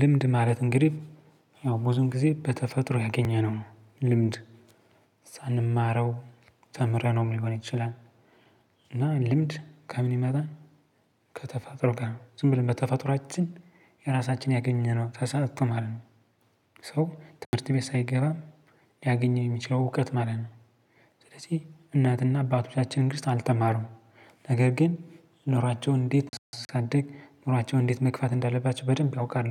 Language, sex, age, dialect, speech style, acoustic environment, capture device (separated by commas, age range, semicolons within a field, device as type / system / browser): Amharic, male, 25-34, Ethiopia - Gojjam, focused, silence, mobile / Linux / Chrome